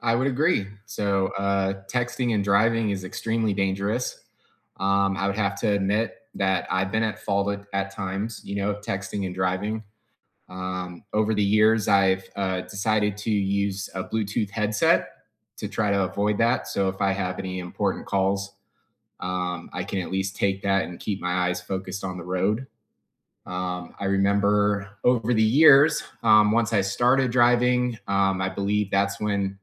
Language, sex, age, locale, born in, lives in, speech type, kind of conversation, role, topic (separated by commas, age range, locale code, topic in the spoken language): English, male, 35-39, en-US, United States, United States, conversation, unstructured, none, Why do people get so upset about texting while driving?